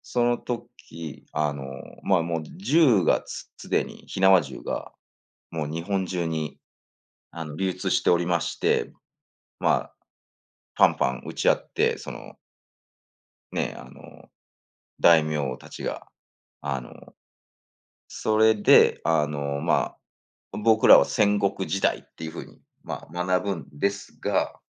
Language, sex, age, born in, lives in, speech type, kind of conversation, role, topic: Japanese, male, 45-49, Japan, United States, conversation, unstructured, none, 歴史の中で最も驚いた出来事は何ですか？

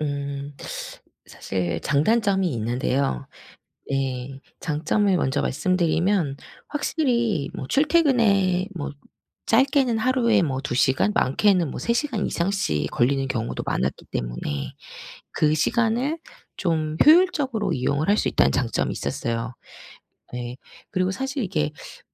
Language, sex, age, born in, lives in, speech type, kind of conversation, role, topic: Korean, female, 40-44, South Korea, South Korea, conversation, podcast, guest, 원격 근무가 일상에 어떤 영향을 주었나요?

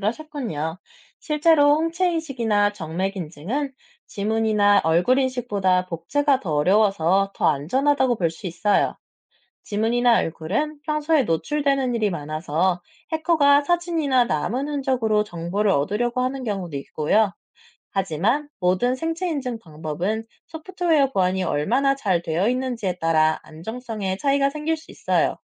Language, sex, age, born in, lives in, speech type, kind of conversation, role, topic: Korean, male, 30-34, South Korea, France, read, expert-assistant, expert, 생체 정보 기반 결제 시스템의 장단점은 무엇인가요?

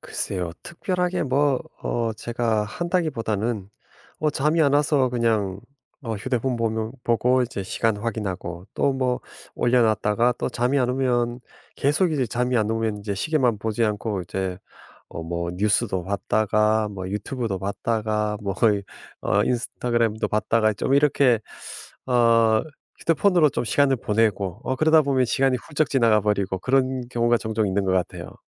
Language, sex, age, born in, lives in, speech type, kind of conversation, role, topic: Korean, male, 50-54, South Korea, United States, conversation, advice, user, 밤에 잠들기 힘든 이유가 무엇인가요?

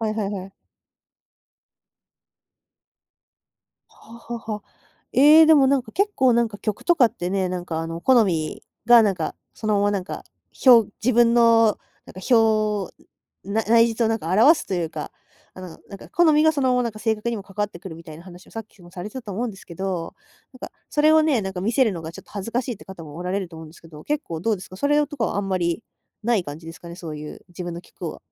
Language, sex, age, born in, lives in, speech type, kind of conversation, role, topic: Japanese, female, 20-24, Japan, Japan, conversation, podcast, host, プレイリストで自分らしさを表現するとしたら、どんな曲をどう並べますか？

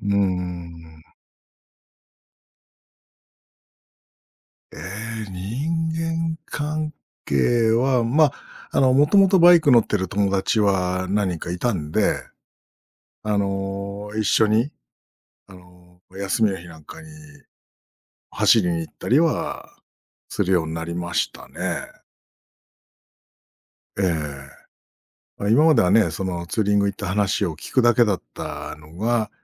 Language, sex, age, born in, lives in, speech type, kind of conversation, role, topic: Japanese, male, 45-49, Japan, Japan, conversation, podcast, guest, 趣味を始めたきっかけは何だったの？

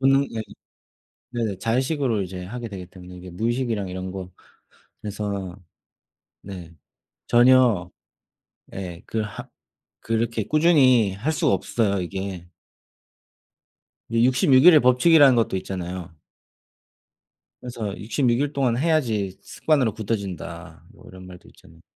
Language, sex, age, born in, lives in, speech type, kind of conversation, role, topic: Korean, male, 30-34, South Korea, Germany, conversation, unstructured, none, 운동할 때 가장 중요하게 생각하는 점은 무엇인가요?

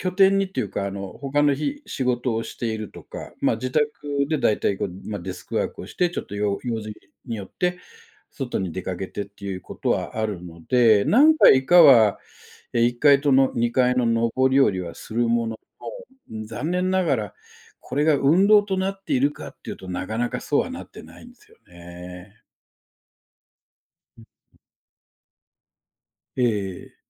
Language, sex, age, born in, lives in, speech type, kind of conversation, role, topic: Japanese, male, 60-64, Japan, Japan, conversation, advice, user, 運動習慣を続けられずすぐやめてしまうのはなぜですか？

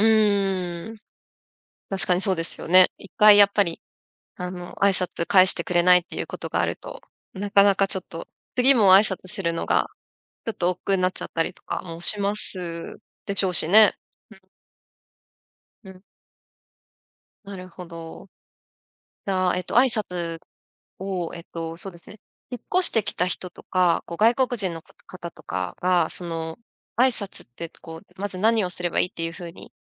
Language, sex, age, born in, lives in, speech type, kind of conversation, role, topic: Japanese, female, 35-39, Japan, Japan, conversation, podcast, host, ご近所での挨拶はどれくらい大切だと思いますか？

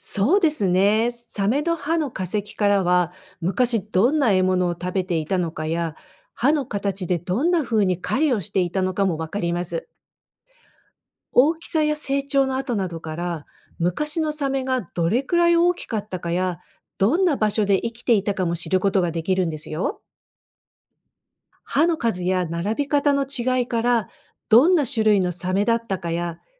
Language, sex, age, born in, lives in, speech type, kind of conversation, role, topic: Japanese, female, 55-59, Japan, United States, read, expert-assistant, expert, どうしてサメの歯は何度も生え変わるのでしょうか？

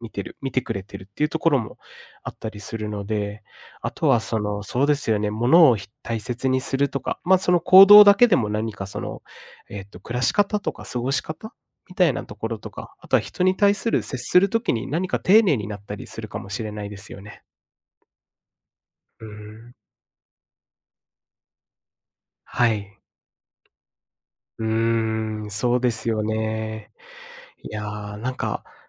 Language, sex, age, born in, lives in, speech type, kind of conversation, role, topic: Japanese, male, 25-29, Japan, Japan, conversation, podcast, host, 子どものころの一番印象的な思い出は何ですか？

